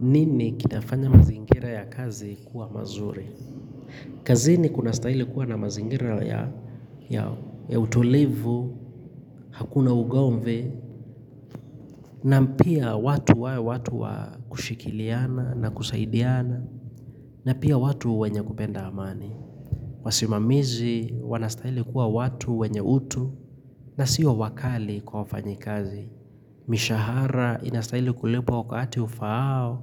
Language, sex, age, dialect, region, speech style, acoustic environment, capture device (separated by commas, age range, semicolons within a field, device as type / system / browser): Swahili, male, 25-34, Kenya - Nairobi Sheng-influenced, Kenya, relaxed, silence, mobile / Linux / Chrome